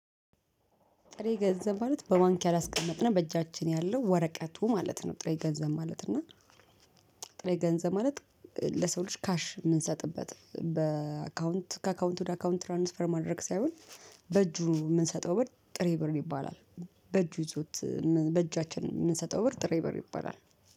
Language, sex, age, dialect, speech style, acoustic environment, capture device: Amharic, female, 35-44, Ethiopia - Addis Ababa, nervous, traffic; people talking, mobile / Linux / Chrome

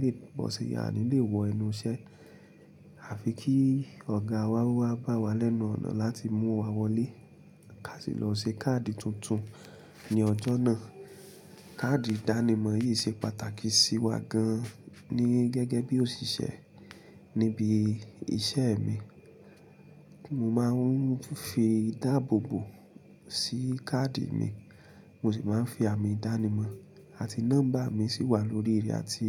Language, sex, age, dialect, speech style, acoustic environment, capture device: Yoruba, male, 25-34, Nigeria - Ibadan, relaxed, appliances; silence, mobile / Linux / Chrome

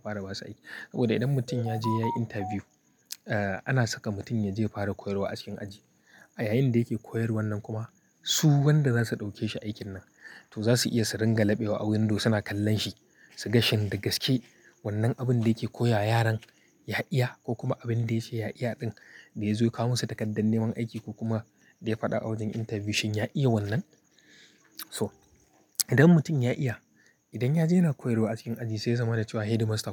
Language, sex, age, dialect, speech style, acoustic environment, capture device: Hausa, male, 25-34, Nigeria - Kano, happy; tired, people talking; music, mobile / Linux / Chrome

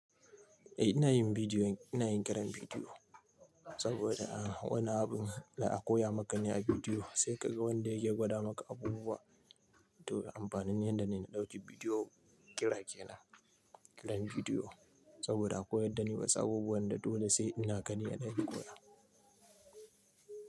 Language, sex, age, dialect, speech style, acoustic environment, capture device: Hausa, male, 25-34, Nigeria - Nigerian Standard, happy, silence, mobile / Linux / Chrome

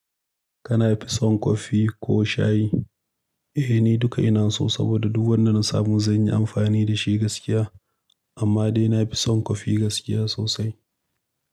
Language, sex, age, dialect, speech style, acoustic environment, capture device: Hausa, male, 25-34, Nigeria - Nigerian Standard, happy, silence, mobile / Linux / Chrome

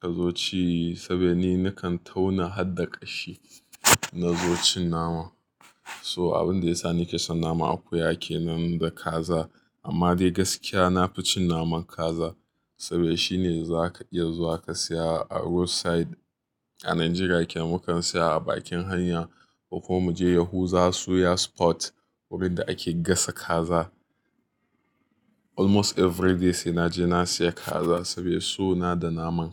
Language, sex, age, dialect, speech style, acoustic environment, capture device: Hausa, male, 25-34, Nigeria - Nigerian Standard, happy, silence, mobile / Linux / Chrome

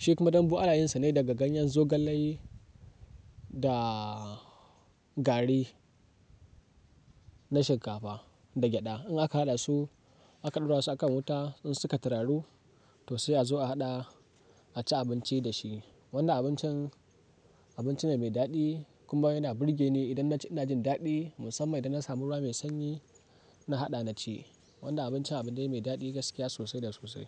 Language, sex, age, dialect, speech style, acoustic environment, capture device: Hausa, male, 18-24, Nigeria - Kano, happy; excited, nature; silence, mobile / Linux / Chrome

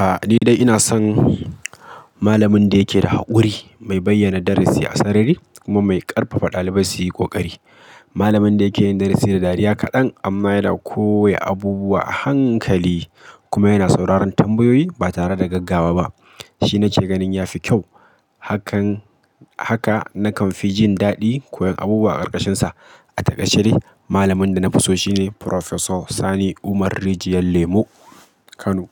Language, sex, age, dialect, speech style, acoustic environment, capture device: Hausa, male, 25-34, Nigeria - Kano, happy; focused; excited, people talking; appliances; nature, mobile / Linux / Chrome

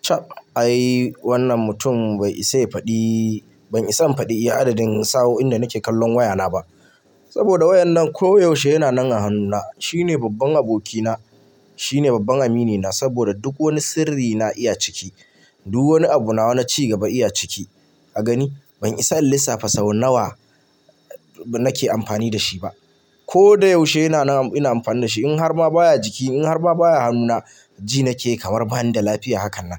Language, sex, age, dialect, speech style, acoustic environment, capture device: Hausa, male, 25-34, Nigeria - Kano, relaxed, appliances, mobile / Linux / Chrome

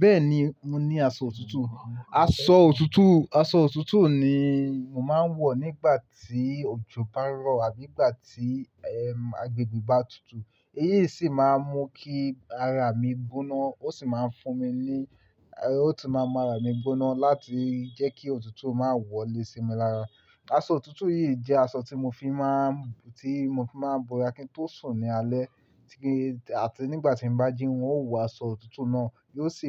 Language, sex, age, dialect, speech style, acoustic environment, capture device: Yoruba, male, 18-24, Nigeria - Lagos Yoruba, relaxed, silence, mobile / Linux / Chrome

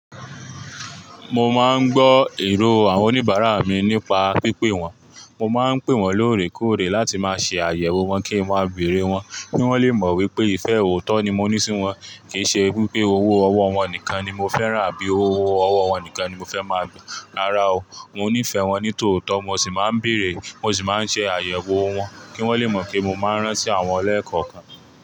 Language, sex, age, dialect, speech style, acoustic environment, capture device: Yoruba, male, 25-34, Nigeria - Ibadan, relaxed, traffic; people talking, mobile / Linux / Chrome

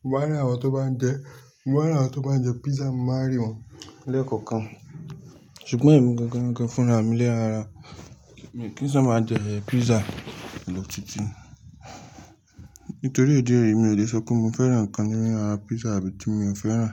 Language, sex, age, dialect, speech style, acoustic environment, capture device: Yoruba, male, 25-34, Nigeria - Lagos Yoruba, relaxed, silence, mobile / Linux / Chrome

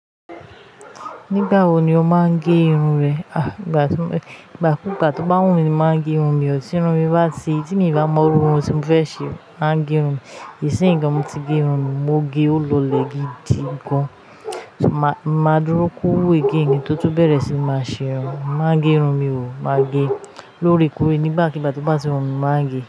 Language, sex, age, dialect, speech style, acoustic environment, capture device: Yoruba, female, 25-34, Nigeria - Ijebu, happy, appliances, mobile / Linux / Chrome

